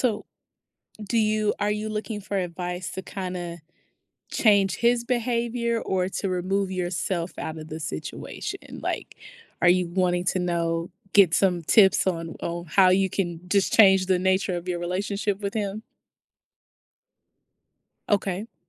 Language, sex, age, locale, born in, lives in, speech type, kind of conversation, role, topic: English, female, 30-34, en-US, United States, United States, conversation, advice, advisor, How can I stay calm and defuse tension at a family gathering?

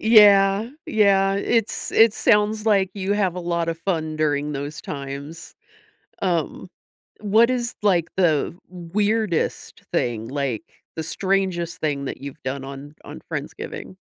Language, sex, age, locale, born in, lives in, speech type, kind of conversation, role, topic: English, female, 45-49, en-US, United States, United States, conversation, podcast, host, Why do shared traditions play such an important role in connecting people?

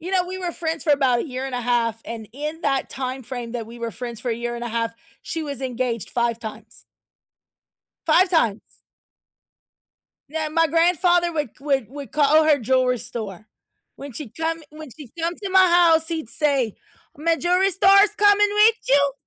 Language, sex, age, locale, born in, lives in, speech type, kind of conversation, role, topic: English, female, 40-44, en-US, United States, United States, conversation, unstructured, none, How do you deal with feeling betrayed by someone you love?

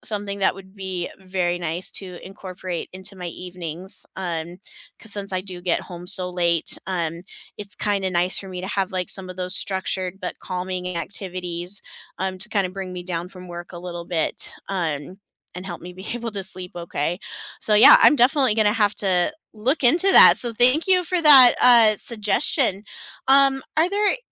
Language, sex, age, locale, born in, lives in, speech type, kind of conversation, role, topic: English, female, 35-39, en-US, United States, United States, conversation, unstructured, none, What little after-work habits help you unwind, and how did they become part of your routine?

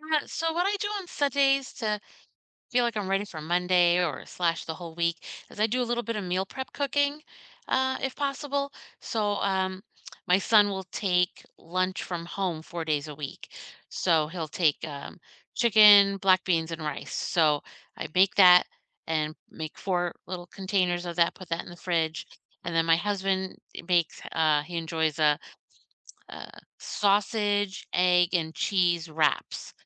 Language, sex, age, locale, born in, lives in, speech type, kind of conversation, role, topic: English, female, 50-54, en-US, United States, United States, conversation, unstructured, none, What does your perfect slow Sunday look like, from wake-up to wind-down, and how does it recharge you?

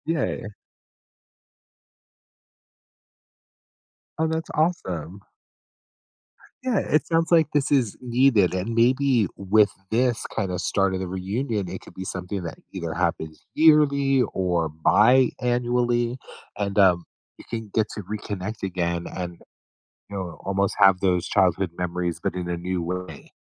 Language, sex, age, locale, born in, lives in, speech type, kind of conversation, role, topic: English, male, 50-54, en-US, United States, United States, conversation, advice, advisor, How do I understand the impact of my childhood memories on my life now?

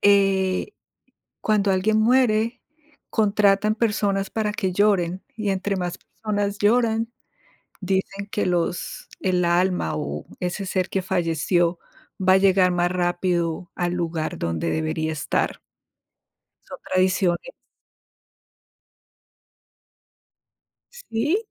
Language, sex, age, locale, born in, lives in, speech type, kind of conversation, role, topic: Spanish, female, 50-54, es-CO, Colombia, United States, conversation, unstructured, none, ¿Cuál es tu tradición cultural favorita y por qué?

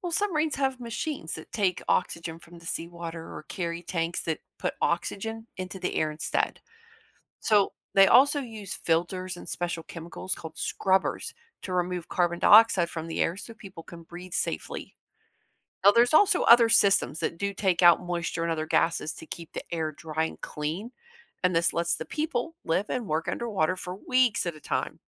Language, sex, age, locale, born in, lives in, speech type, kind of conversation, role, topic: English, female, 45-49, en-US, United States, United States, read, expert-assistant, expert, How does a submarine dive and surface?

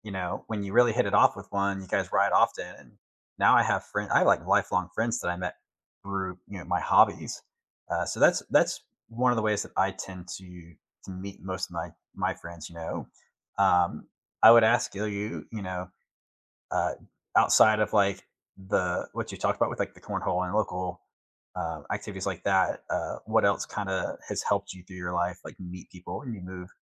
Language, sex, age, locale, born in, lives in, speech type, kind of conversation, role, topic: English, male, 40-44, en-US, United States, United States, conversation, unstructured, none, How do you usually meet new people where you live, and what helps those connections grow?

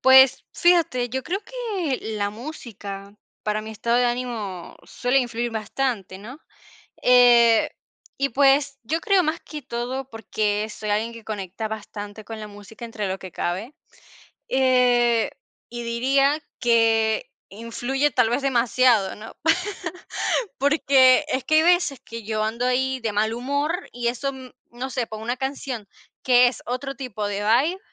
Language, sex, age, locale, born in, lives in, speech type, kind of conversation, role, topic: Spanish, female, 50-54, es-VE, Venezuela, Portugal, conversation, unstructured, none, ¿Cómo influye la música en tu estado de ánimo diario?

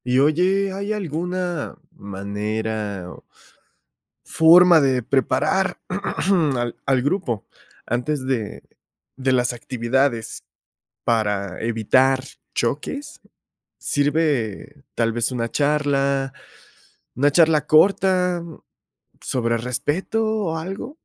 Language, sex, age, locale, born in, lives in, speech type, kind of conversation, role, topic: Spanish, male, 25-29, es-MX, Mexico, Mexico, read, expert-assistant, asker, ¿Cómo promover la inclusión y el respeto ante creencias religiosas diversas en un equipo?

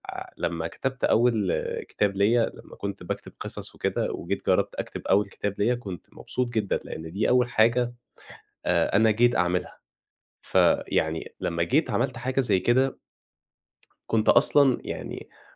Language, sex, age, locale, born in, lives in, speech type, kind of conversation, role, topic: Arabic, male, 20-24, ar-EG, Egypt, Egypt, conversation, podcast, guest, إيه أحلى تجربة قضّيت فيها وقتك وإنت مستمتع؟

